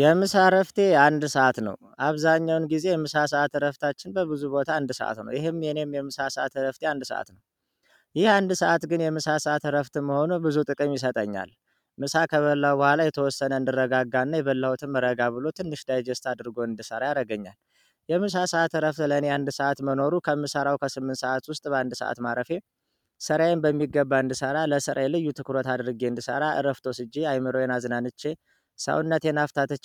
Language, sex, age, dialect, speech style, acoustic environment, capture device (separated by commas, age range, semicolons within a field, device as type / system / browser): Amharic, male, 25-34, Ethiopia - Addis Ababa, happy; relaxed; focused, people talking; music; nature; traffic, mobile / Android / Chrome